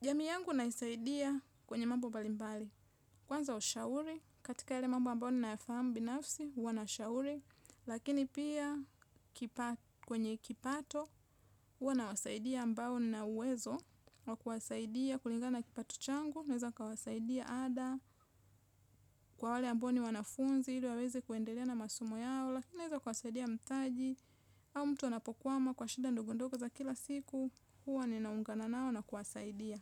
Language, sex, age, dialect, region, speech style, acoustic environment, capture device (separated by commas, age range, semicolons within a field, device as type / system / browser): Swahili, female, 25-34, Tanzania - Dar es Salaam, Tanzania, relaxed, nature; silence; appliances, mobile / Linux / Chrome